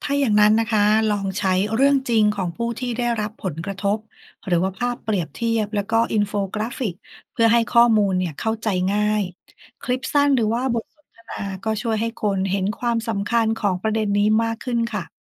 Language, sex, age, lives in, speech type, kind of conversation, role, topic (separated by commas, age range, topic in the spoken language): Thai, female, 40-44, Thailand, read, expert-assistant, expert, เวลาหาข้อมูลเรื่องความเหลื่อมล้ำทางสังคม เราจะรู้ได้ยังไงว่าแหล่งไหนน่าเชื่อถือบ้าง?